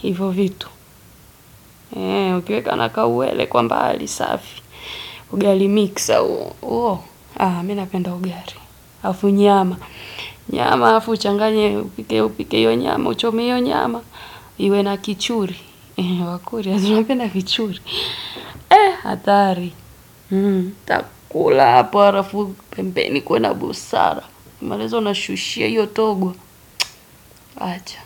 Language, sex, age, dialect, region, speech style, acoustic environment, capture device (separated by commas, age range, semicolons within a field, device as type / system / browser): Swahili, female, 25-34, Tanzania - Dar es Salaam, Tanzania, focused, nature, desktop / Windows / Edge